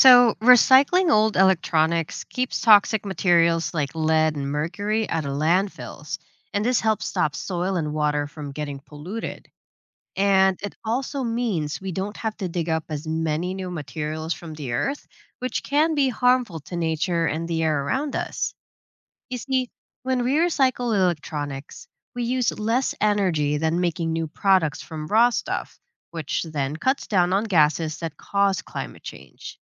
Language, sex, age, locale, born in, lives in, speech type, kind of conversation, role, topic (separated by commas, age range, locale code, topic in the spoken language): English, female, 30-34, en-US, Philippines, United States, read, expert-assistant, expert, How does recycling electronics reduce environmental pollution?